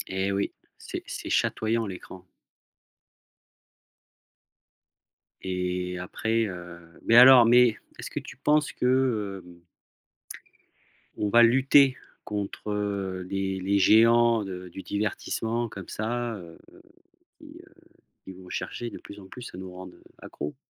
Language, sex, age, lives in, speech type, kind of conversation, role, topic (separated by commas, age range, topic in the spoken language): French, male, 40-44, France, conversation, podcast, host, Que penses-tu du visionnage en rafale et de ses effets ?